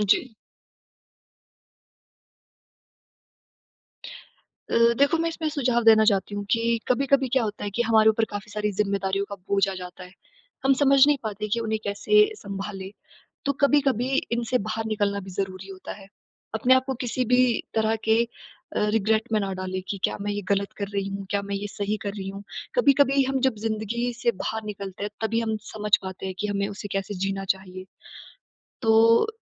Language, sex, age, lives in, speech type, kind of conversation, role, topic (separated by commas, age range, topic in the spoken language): Hindi, female, 20-24, India, conversation, advice, advisor, यात्रा के दौरान अनिश्चितता और चिंता को कैसे कम किया जा सकता है?